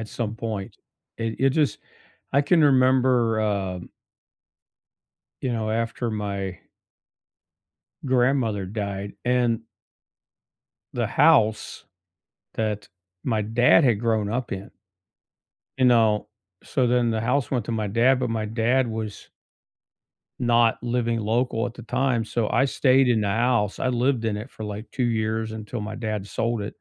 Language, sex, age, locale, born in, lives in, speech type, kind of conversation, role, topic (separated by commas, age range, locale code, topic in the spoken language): English, male, 55-59, en-US, United States, United States, conversation, unstructured, none, What travel memory still makes you smile, and what small moments made it so special?